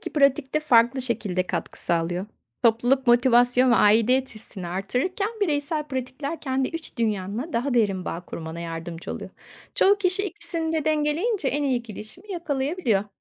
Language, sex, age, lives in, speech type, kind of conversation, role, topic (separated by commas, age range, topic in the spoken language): Turkish, female, 50-54, Spain, read, expert-assistant, expert, Toplulukla yapılan manevi pratikler mi, bireysel pratikler mi ruhsal gelişimi daha çok destekler?